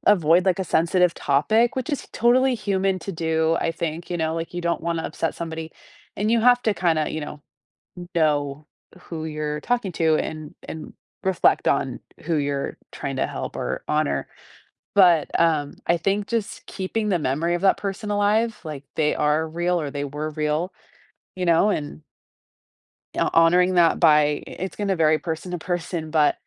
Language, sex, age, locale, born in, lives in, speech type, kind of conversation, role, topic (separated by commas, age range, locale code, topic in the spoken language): English, female, 30-34, en-US, United States, United States, conversation, podcast, guest, What helps families stay connected and support each other through grief?